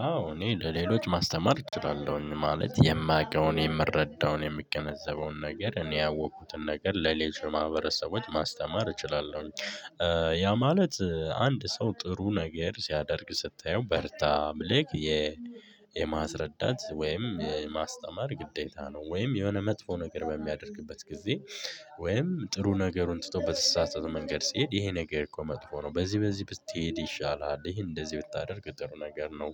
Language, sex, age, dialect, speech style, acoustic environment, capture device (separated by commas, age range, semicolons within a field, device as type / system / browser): Amharic, male, 18-24, Ethiopia - Gojjam, happy, people talking, mobile / Linux / Chrome